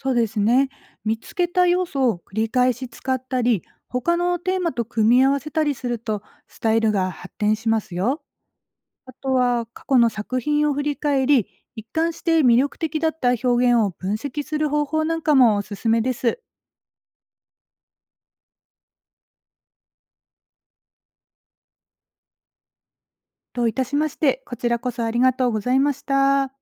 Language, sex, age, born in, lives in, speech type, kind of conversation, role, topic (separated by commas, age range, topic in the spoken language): Japanese, female, 40-44, Japan, Japan, read, expert-assistant, expert, 絵を描くとき、自分の好きなスタイルと流行のどちらを優先すべきでしょうか？